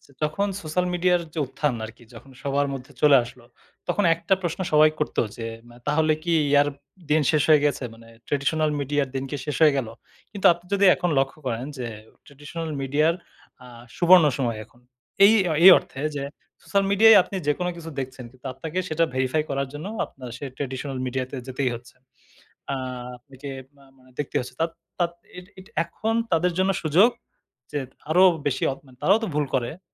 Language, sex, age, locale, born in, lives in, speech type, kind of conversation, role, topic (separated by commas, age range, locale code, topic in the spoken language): Bengali, male, 30-34, bn-BD, Bangladesh, Bangladesh, conversation, podcast, guest, ভুয়া খবর চিনতে আপনি কী কী নিয়ম মেনে চলেন?